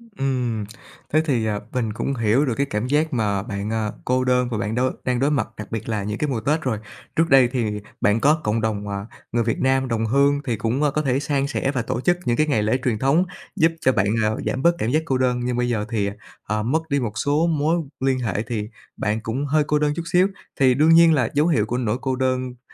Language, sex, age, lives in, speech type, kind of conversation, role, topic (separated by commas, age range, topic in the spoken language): Vietnamese, male, 30-34, Vietnam, conversation, advice, advisor, Bạn cảm thấy thế nào khi mất dần mối liên hệ với gia đình, nhất là vào dịp lễ Tết xa nhà?